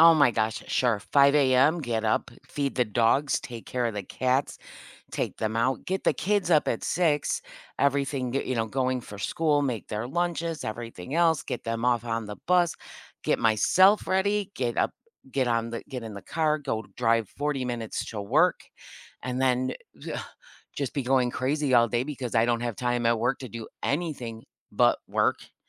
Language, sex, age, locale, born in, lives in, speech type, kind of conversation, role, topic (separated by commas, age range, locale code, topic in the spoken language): English, female, 55-59, en-US, United States, United States, conversation, advice, user, How can I recharge in small ways during a packed day?